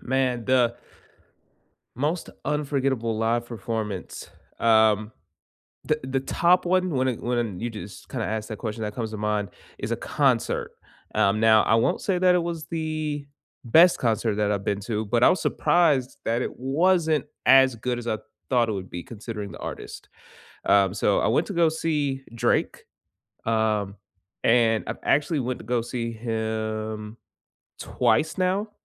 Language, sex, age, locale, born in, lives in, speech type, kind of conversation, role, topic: English, male, 25-29, en-US, United States, United States, conversation, unstructured, none, What is the most unforgettable live performance you have experienced in person, and what made it special?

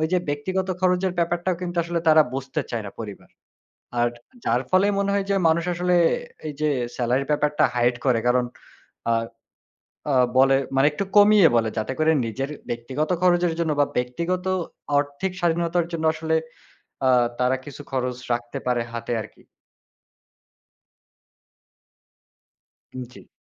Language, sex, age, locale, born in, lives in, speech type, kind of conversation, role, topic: Bengali, male, 20-24, bn-BD, Bangladesh, Bangladesh, conversation, unstructured, none, কেন অনেক মানুষ টাকা নিয়ে সত্য কথা বলতে অনীহা বোধ করেন?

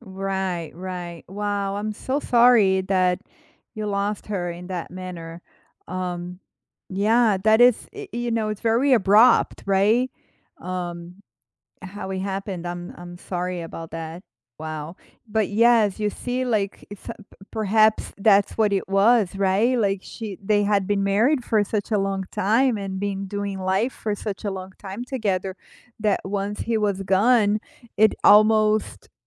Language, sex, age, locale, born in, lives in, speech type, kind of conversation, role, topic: English, female, 45-49, en-BR, Brazil, United States, conversation, unstructured, none, Have you ever found comfort in remembering funny moments with someone you’ve lost?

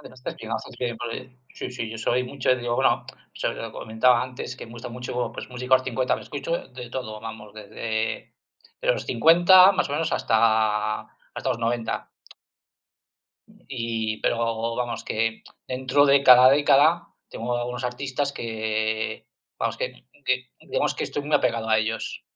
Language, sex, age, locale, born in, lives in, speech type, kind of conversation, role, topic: Spanish, male, 50-54, es-CL, Spain, Spain, conversation, unstructured, none, ¿Qué tipo de música te hace sentir mejor en un día difícil?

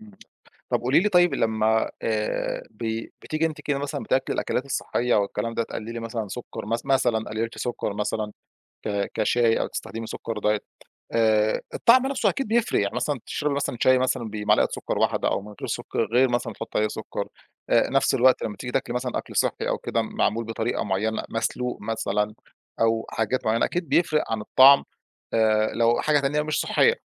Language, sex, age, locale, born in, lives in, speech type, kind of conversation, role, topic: Arabic, male, 35-39, ar-EG, Egypt, Egypt, conversation, podcast, host, إزاي بتحافظ على دافعك إنك تلتزم بعادات صحية؟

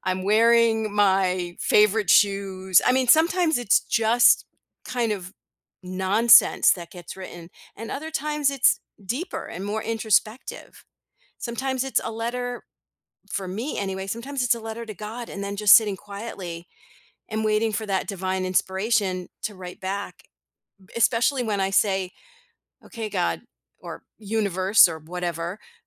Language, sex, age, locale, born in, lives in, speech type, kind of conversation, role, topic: English, female, 55-59, en-US, United States, United States, conversation, podcast, guest, Why is it important to find a self-care routine that works for you?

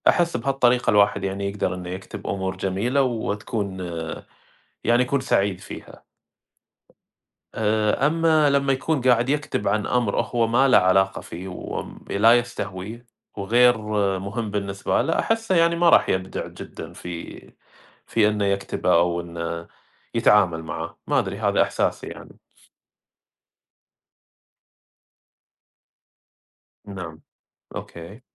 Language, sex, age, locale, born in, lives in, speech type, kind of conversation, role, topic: Arabic, male, 30-34, ar-SA, Saudi Arabia, United States, conversation, unstructured, none, إيه دور القراية في إنها تطوّر مهارات الكتابة عند الطلبة؟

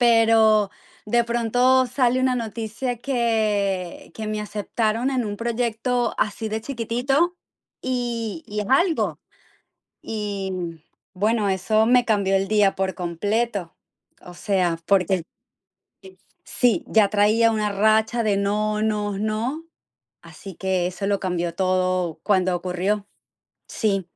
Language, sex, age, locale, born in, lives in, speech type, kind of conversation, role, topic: Spanish, female, 45-49, es-VE, Venezuela, United States, conversation, unstructured, none, ¿Has sentido que una noticia positiva te ayudó a superar un mal día?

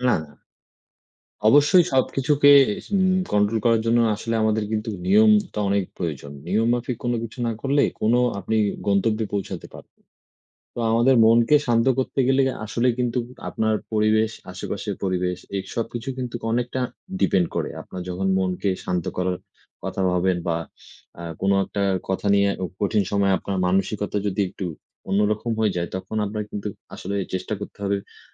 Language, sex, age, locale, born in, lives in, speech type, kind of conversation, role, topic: Bengali, male, 20-24, bn-BD, Bangladesh, Bangladesh, conversation, unstructured, none, কঠিন সময়ে তুমি কীভাবে মনোবল ধরে রাখো?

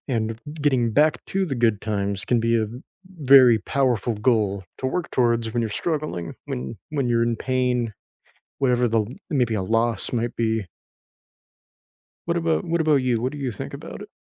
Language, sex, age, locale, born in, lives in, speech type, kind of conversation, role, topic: English, male, 20-24, en-US, United States, United States, conversation, unstructured, none, How can remembering the good times help heal sorrow?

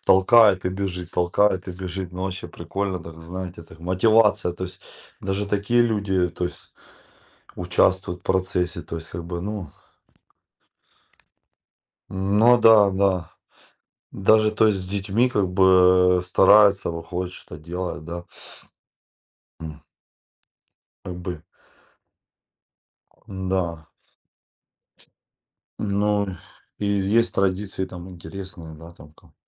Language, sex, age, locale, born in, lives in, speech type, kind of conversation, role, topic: Russian, male, 40-44, ru-RU, Ukraine, Spain, conversation, unstructured, none, Как местные жители могут повлиять на ваше восприятие времени во время путешествия?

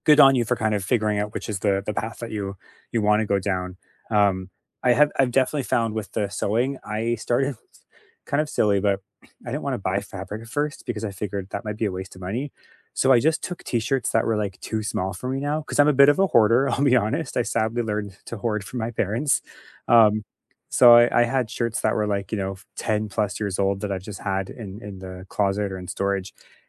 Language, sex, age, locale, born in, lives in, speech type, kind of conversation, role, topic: English, male, 35-39, en-CA, Canada, Canada, conversation, unstructured, none, How do you decide which skill is worth learning?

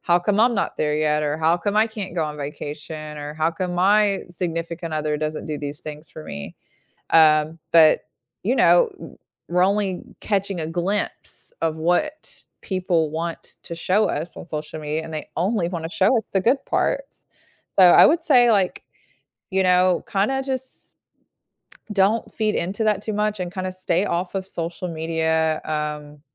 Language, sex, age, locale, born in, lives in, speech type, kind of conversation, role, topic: English, female, 35-39, en-US, United States, United States, conversation, podcast, guest, How can simple daily routines make a lasting difference in your happiness?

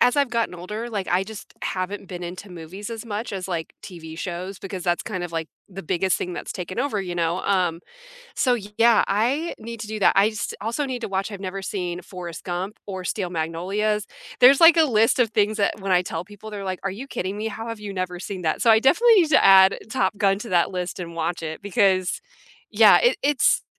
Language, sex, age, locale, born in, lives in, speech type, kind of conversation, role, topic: English, female, 30-34, en-US, United States, United States, conversation, unstructured, none, Which comfort movie or TV series do you return to, and why does it feel like home?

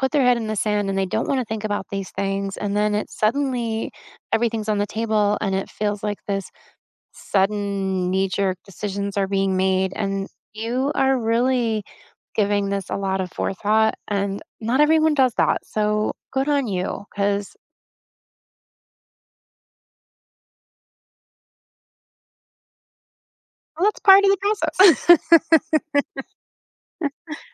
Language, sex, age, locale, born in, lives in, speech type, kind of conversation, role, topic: English, female, 50-54, en-US, United States, United States, conversation, unstructured, none, What are your must-have movie snacks, and what memories or rituals make them special?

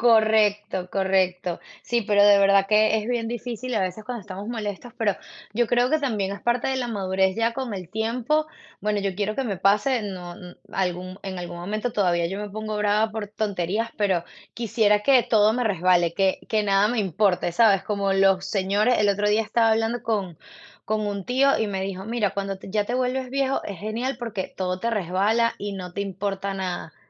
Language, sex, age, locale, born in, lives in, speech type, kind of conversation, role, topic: Spanish, female, 35-39, es-VE, Venezuela, United States, conversation, unstructured, none, ¿Te parece importante escuchar más que hablar durante un conflicto?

